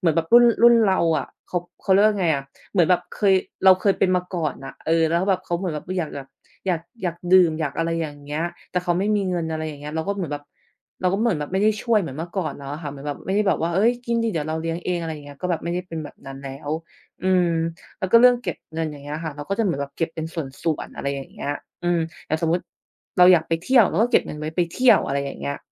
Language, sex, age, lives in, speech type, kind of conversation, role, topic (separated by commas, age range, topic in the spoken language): Thai, female, 30-34, Thailand, conversation, unstructured, none, ทำไมคนส่วนใหญ่ถึงไม่มีเงินเก็บเพียงพอ?